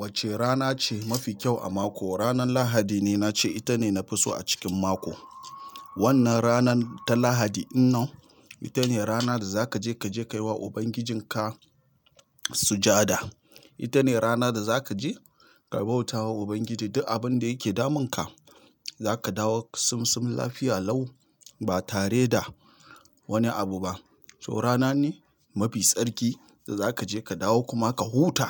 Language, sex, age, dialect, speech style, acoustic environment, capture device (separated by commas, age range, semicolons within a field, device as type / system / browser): Hausa, male, 35-44, Nigeria - Nigerian Standard, tired, silence, mobile / Linux / Chrome